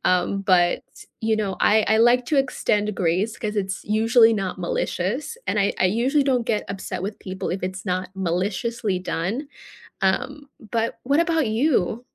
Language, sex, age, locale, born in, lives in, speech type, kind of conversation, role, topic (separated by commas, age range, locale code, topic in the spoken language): English, female, 25-29, en-US, United States, United States, conversation, unstructured, none, What is your reaction when people do not flush the toilet properly?